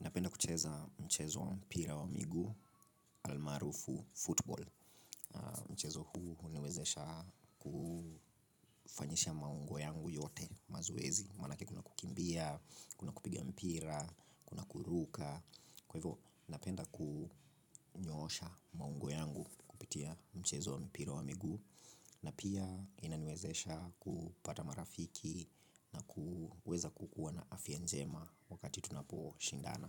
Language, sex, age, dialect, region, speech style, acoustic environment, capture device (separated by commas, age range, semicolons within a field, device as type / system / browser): Swahili, male, 25-34, Kenya - Nairobi Sheng-influenced, Kenya, relaxed, silence, mobile / Linux / Chrome